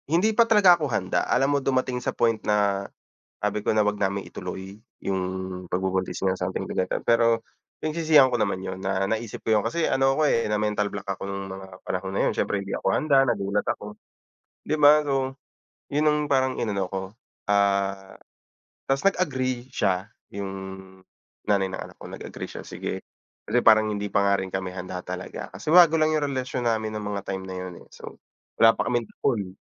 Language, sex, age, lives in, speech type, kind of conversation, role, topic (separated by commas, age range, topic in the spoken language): Filipino, male, 30-34, Philippines, conversation, unstructured, none, Ano ang isang simpleng karanasan na hinding-hindi mo malilimutan?